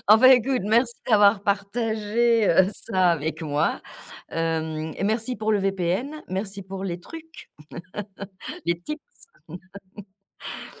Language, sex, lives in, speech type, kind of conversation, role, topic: French, female, France, conversation, podcast, host, Comment maintenir le lien avec ses proches quand ils vivent loin ?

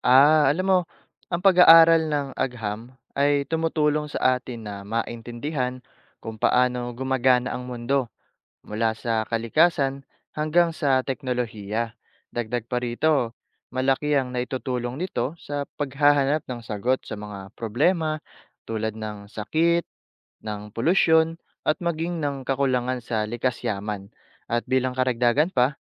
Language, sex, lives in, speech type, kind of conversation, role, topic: Filipino, male, Philippines, read, expert-assistant, expert, Ano ang mga dahilan kung bakit mahalaga ang pag-aaral ng agham?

